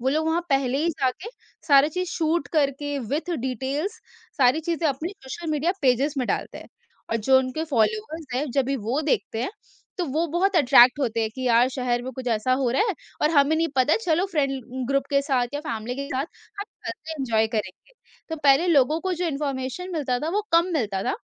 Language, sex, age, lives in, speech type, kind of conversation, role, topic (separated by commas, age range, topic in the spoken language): Hindi, female, 45-49, India, conversation, podcast, guest, Social media tumhare self-expression ko kaise affect karta hai?